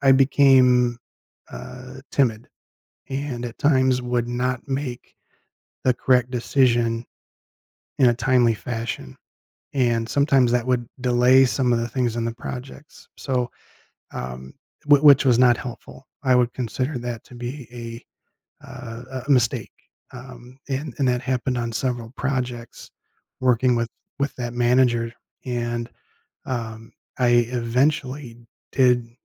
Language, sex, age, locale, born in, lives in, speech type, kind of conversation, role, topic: English, male, 50-54, en-US, United States, United States, conversation, podcast, guest, How do you turn setbacks at work into opportunities for growth?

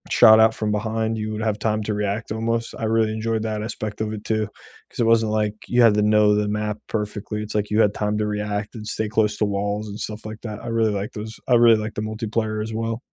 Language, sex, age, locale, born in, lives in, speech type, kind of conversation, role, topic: English, male, 25-29, en-US, United States, United States, conversation, unstructured, none, Which video games unexpectedly moved you with their storytelling, and what about them stayed with you?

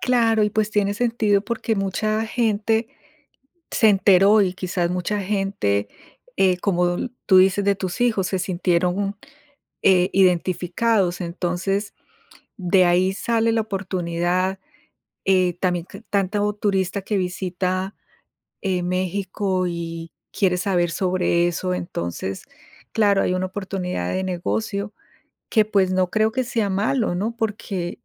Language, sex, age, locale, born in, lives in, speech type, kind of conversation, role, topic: Spanish, female, 50-54, es-CO, Colombia, United States, conversation, unstructured, none, ¿Cuál es tu tradición cultural favorita y por qué?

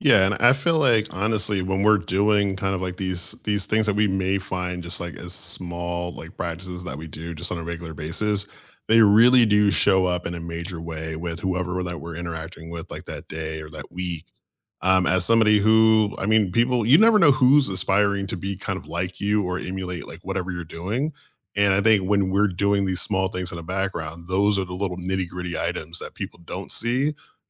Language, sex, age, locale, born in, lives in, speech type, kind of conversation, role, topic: English, male, 35-39, en-US, United States, United States, conversation, unstructured, none, What morning rituals help you feel grounded and energized, and how did they become part of your daily routine?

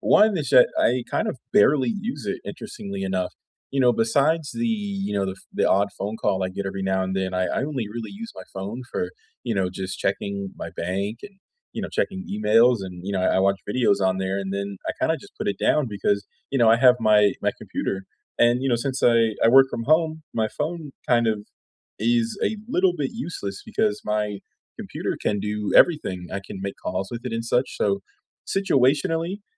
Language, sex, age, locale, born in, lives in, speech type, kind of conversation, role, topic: English, male, 25-29, en-US, United States, United States, conversation, unstructured, none, How do you make your gadgets last longer, and how do right-to-repair laws affect your choices?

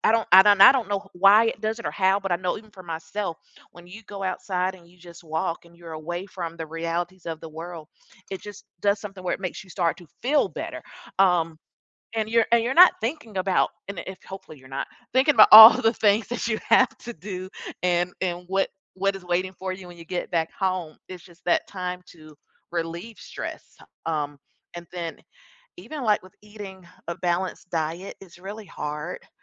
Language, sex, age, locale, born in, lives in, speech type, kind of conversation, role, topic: English, female, 40-44, en-US, United States, United States, conversation, podcast, guest, What are some practical habits that can help manage everyday stress?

